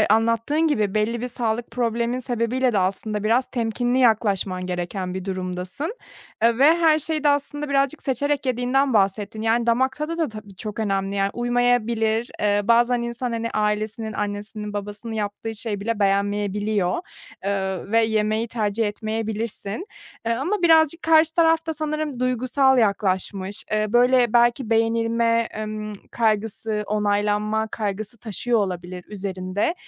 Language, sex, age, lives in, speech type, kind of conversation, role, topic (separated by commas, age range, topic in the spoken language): Turkish, female, 30-34, Germany, conversation, advice, advisor, Yeni bir ilişkiye başlarken duygusal olarak hazır olmak için sınırlarımı nasıl belirleyip koruyabilirim?